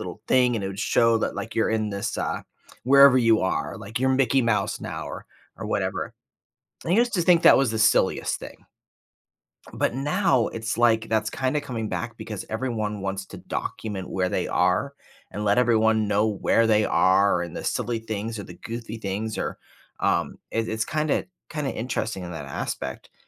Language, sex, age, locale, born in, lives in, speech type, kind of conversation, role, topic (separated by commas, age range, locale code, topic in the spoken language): English, male, 45-49, en-US, United States, United States, conversation, podcast, host, What are some effective ways to create a healthy balance between technology use and offline life?